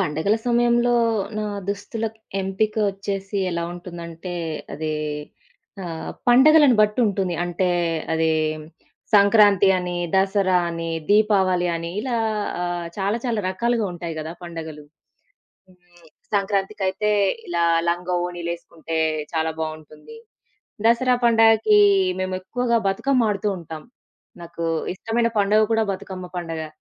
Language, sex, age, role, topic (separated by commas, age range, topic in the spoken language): Telugu, female, 25-29, guest, పండుగల సమయంలో మీరు సాధారణంగా దుస్తులు ఎలా ఎంచుకుంటారు?